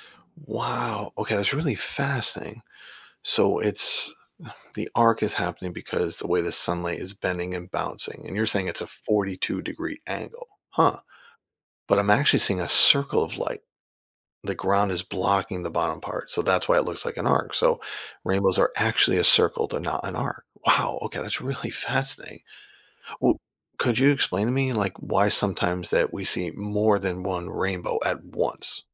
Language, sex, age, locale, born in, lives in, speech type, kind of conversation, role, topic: English, male, 50-54, en-US, United States, United States, read, expert-assistant, asker, How do rainbows form in the sky?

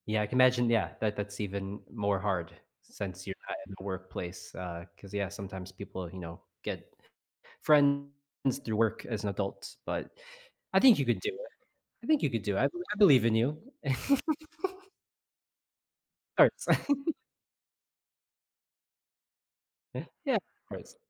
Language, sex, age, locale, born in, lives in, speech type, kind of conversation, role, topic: English, male, 35-39, en-US, United States, United States, conversation, advice, advisor, How can I find and build meaningful friendships as an adult?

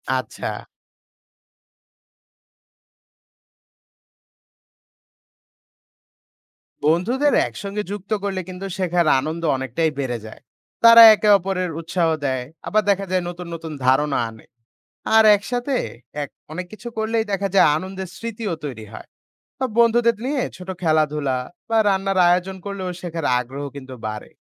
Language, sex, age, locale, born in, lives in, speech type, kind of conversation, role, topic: Bengali, male, 20-24, bn-BD, Bangladesh, Bangladesh, read, expert-assistant, asker, শিক্ষায় মোবাইল অ্যাপ ব্যবহার করা কি সত্যিই কাজে দেয়? এর লাভ-ক্ষতি কী হতে পারে?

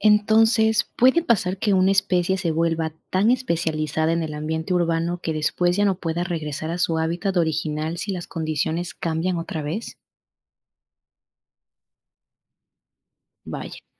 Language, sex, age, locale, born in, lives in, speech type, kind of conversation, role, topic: Spanish, female, 25-29, es-EC, Ecuador, Spain, read, expert-assistant, asker, ¿Cómo afecta la urbanización a la adaptación y biodiversidad de las especies?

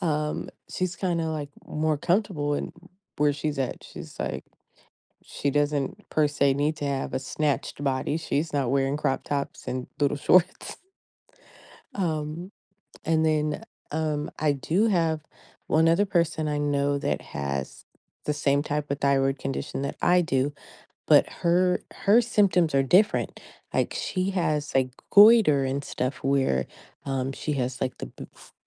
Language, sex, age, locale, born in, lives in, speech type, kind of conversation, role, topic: English, female, 35-39, en-US, United States, United States, conversation, advice, user, How can I feel more comfortable with my appearance?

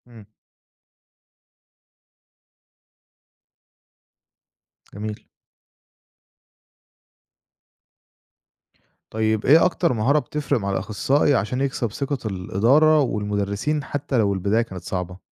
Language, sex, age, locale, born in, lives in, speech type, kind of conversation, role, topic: Arabic, male, 25-29, ar-EG, Egypt, Egypt, read, expert-assistant, asker, فيه ناس بتقول إن دور الأخصائي الاجتماعي في المدارس مجرد روتين وورق، وناس تانية بتشوف إنه بيأثر فعلاً في الطلاب. إيه الحقيقة؟ ودور الأخصائي الاجتماعي في المدارس بقى عامل إزاي دلوقتي؟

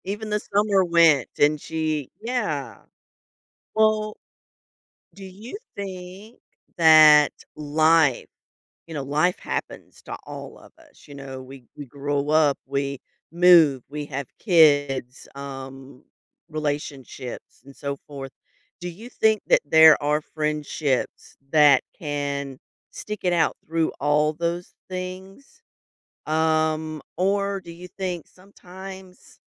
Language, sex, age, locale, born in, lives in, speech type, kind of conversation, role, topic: English, female, 45-49, en-US, United States, United States, conversation, podcast, host, What qualities help friendships last through life's changes?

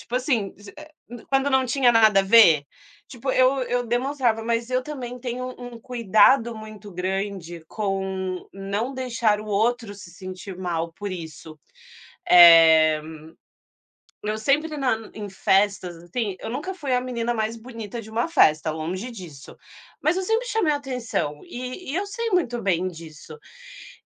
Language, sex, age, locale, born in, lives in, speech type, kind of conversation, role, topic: Portuguese, female, 30-34, pt-BR, Brazil, Portugal, conversation, unstructured, none, Qual foi o momento mais feliz que você viveu em um relacionamento?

